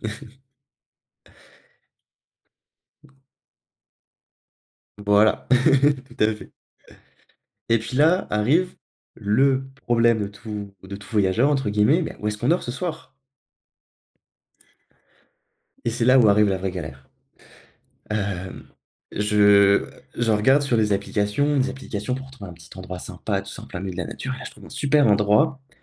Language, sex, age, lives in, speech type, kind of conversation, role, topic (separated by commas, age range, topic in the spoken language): French, male, 18-19, France, conversation, podcast, guest, Quelle galère de voyage te fait encore rire aujourd’hui ?